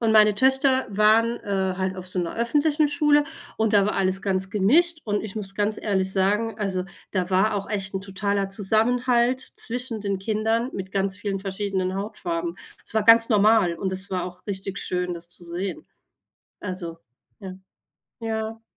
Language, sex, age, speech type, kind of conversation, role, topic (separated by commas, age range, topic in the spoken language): German, female, 40-44, conversation, unstructured, none, Findest du es in Ordnung, wenn Filme rassistische Klischees zeigen?